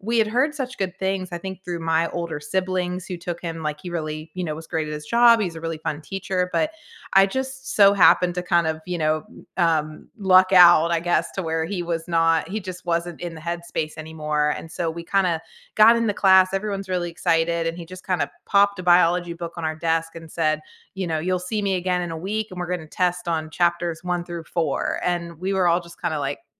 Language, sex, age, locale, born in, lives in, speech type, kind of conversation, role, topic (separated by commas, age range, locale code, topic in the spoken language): English, female, 40-44, en-US, United States, United States, conversation, unstructured, none, Which teacher has most shaped who you are today, and what moments made the difference?